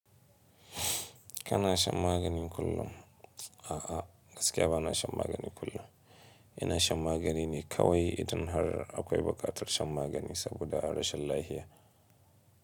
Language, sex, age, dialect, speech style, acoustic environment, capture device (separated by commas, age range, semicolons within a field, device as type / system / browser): Hausa, male, 25-34, Nigeria - Kano, stressed, silence, mobile / Linux / Chrome